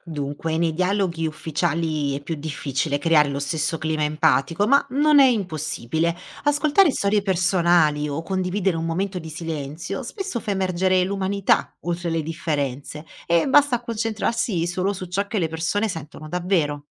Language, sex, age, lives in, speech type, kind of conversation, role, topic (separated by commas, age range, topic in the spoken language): Italian, female, 30-34, Italy, read, expert-assistant, expert, Se potessimo combinare le idee di più religioni, come cambierebbe il modo in cui pensiamo a Dio?